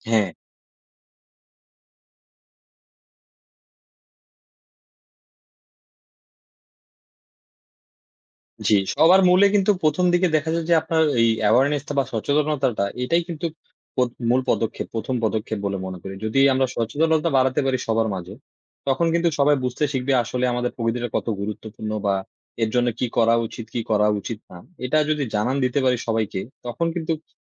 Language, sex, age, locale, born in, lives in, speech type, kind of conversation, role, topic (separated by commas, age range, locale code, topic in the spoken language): Bengali, male, 20-24, bn-BD, Bangladesh, Bangladesh, conversation, unstructured, none, প্রকৃতির প্রতি মানুষের অবহেলা কেন বন্ধ করা উচিত?